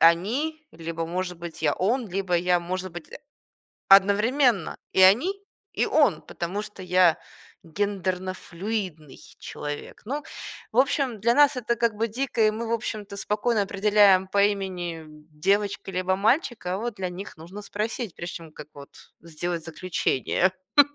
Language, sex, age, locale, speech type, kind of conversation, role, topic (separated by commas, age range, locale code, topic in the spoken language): Russian, female, 25-29, ru-RU, conversation, podcast, guest, Можно ли развить интуицию как навык?